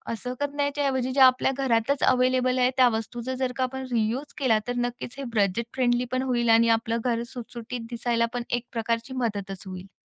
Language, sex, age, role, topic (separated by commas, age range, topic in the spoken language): Marathi, female, 35-39, guest, लहान जागेत वस्तू ठेवण्यासाठी तुम्ही कोणते उपाय वापरता?